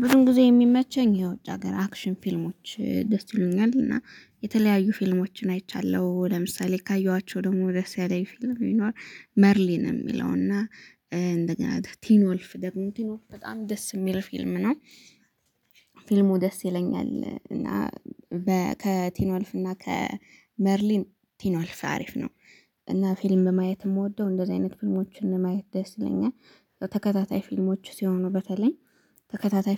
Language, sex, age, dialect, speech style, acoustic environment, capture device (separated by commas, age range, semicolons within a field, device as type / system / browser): Amharic, female, 25-34, Ethiopia - Gondar, happy, nature, mobile / Linux / Chrome